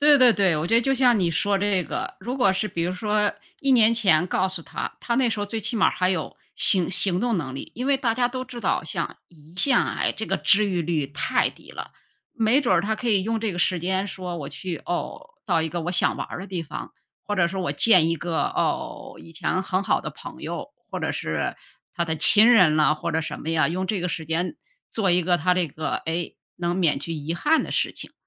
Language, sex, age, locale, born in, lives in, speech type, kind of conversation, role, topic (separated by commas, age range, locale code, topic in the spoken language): Chinese, female, 55-59, zh-CN, China, United States, conversation, unstructured, none, 你觉得在什么情况下撒谎是可以接受的？